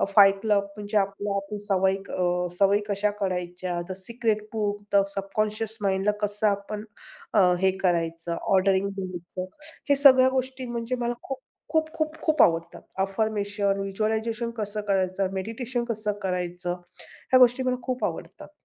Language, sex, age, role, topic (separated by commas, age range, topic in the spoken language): Marathi, female, 45-49, guest, तुझ्या आवडत्या छंदात लक्ष वाढवण्यासाठी कोणत्या टिप्स उपयोगी पडतात?